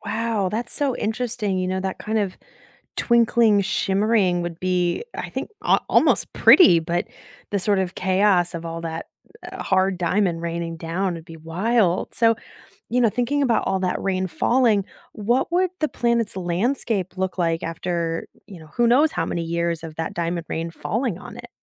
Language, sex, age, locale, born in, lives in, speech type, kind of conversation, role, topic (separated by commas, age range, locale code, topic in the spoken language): English, female, 30-34, en-US, United States, United States, read, expert-assistant, asker, Describe a planet where it rains diamonds instead of water.